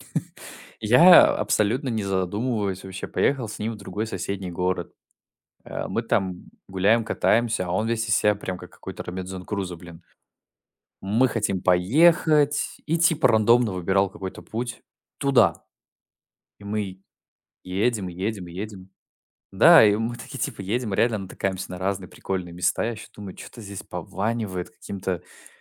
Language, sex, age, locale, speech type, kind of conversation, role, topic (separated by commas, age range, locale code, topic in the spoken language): Russian, male, 20-24, ru-RU, conversation, unstructured, none, Какие приключения в путешествиях вы запомнили навсегда?